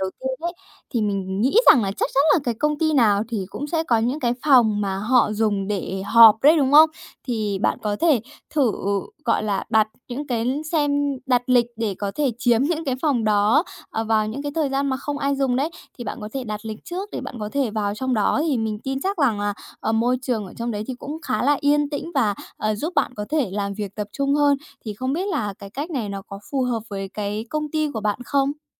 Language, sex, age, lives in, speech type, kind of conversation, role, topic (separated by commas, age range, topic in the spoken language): Vietnamese, female, 30-34, Japan, conversation, advice, advisor, Làm sao để giữ tập trung trong môi trường nhiều phiền nhiễu và công việc chồng chéo?